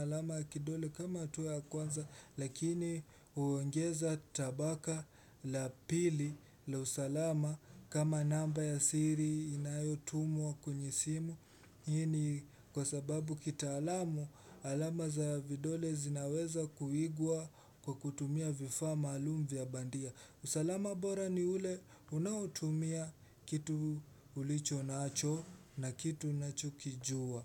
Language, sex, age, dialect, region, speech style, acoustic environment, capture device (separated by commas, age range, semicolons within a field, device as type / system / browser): Swahili, male, 18-24, Kenya - Mombasa, Kenya, relaxed, silence, desktop / Windows / Chrome